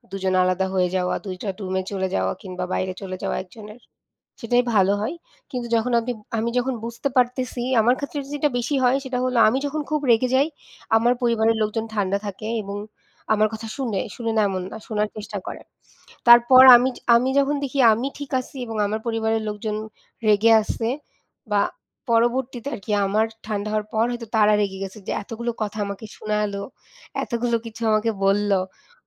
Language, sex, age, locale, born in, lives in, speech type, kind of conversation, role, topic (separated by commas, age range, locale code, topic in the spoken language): Bengali, female, 25-29, bn-BD, Bangladesh, Bangladesh, conversation, unstructured, none, সম্পর্কে ঝগড়া হলে আপনি কীভাবে শান্ত থাকতে পারেন?